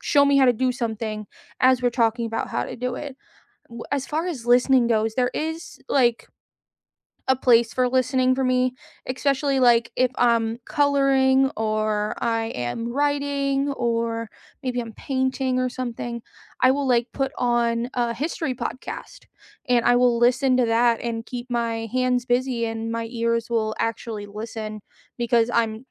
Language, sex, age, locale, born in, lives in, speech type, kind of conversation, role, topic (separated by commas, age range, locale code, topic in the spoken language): English, female, 30-34, en-US, United States, United States, conversation, unstructured, none, How do you learn best—by reading, watching, or doing?